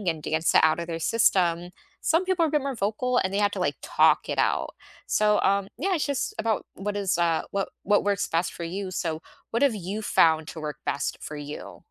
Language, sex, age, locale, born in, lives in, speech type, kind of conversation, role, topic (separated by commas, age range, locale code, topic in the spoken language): English, female, 25-29, en-US, United States, United States, conversation, unstructured, none, What small daily rituals make your mornings feel right?